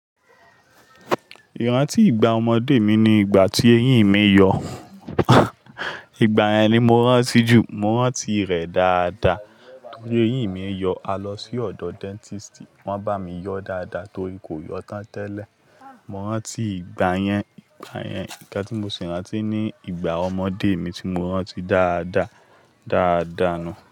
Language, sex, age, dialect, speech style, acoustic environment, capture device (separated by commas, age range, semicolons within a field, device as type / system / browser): Yoruba, male, 25-34, Nigeria - Lagos Yoruba, excited, people talking, mobile / Linux / Chrome